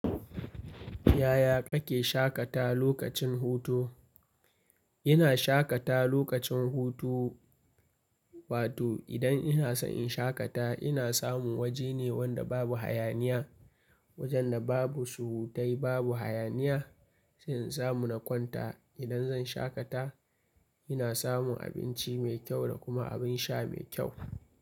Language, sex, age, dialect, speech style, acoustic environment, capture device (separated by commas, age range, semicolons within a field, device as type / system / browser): Hausa, male, 25-34, Nigeria - Kano, happy; focused, nature; silence, mobile / Linux / Chrome